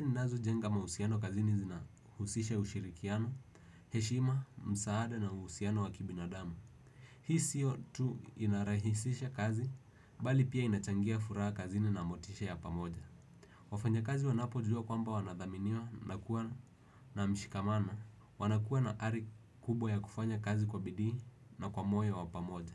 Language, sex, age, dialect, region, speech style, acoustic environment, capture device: Swahili, male, 18-24, Kenya - Nairobi Sheng-influenced, Kenya, relaxed; focused, silence, desktop / Windows / Edge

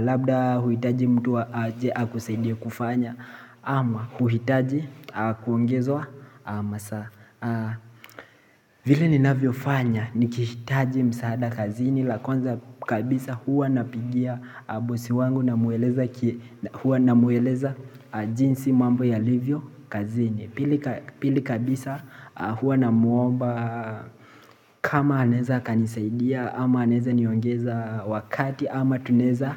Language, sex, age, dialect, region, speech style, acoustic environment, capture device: Swahili, male, 18-24, Kenya - Mombasa, Kenya, relaxed, appliances; people talking, mobile / Linux / Chrome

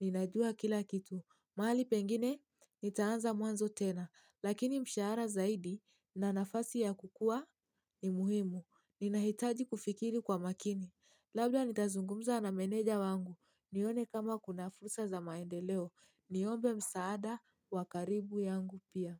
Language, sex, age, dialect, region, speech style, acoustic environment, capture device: Swahili, female, 18-24, Kenya - Mombasa, Kenya, anxious; happy, silence, mobile / Linux / Chrome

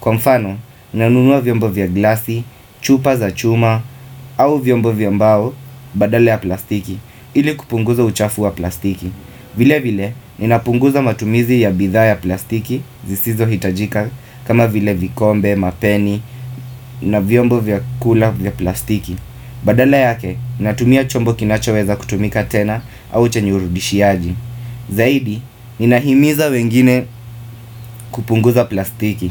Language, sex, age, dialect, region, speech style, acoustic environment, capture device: Swahili, male, 25-34, Kenya - Mombasa, Kenya, focused; happy, appliances; nature, desktop / Windows / Firefox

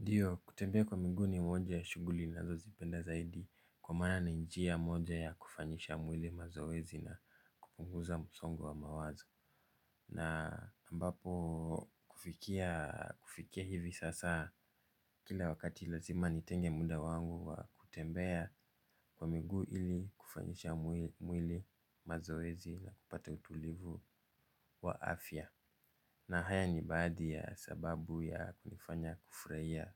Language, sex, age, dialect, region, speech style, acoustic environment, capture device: Swahili, male, 25-34, Kenya - Nairobi Sheng-influenced, Kenya, focused; relaxed, silence, mobile / Linux / Chrome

